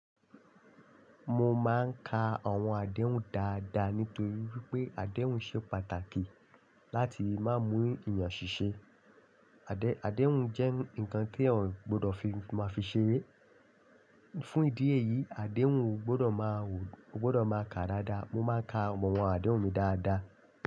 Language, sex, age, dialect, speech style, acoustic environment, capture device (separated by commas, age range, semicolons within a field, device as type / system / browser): Yoruba, male, 25-34, Nigeria - Lagos Yoruba, happy, nature; silence, desktop / Linux / Chrome